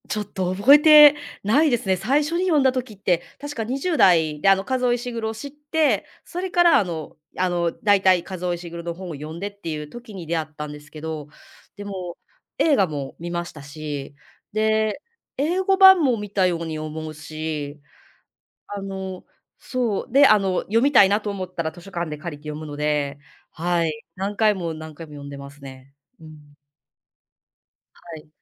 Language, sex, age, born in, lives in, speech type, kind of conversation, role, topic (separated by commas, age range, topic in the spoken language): Japanese, female, 35-39, Japan, Japan, conversation, podcast, guest, 物語に慰められた経験はありますか？